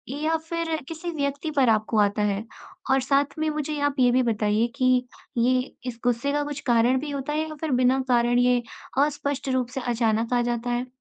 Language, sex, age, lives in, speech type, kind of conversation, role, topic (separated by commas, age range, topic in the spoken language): Hindi, female, 20-24, India, conversation, advice, advisor, अचानक गुस्सा आ जाने पर मैं उसे नियंत्रित कैसे कर सकता/सकती हूँ?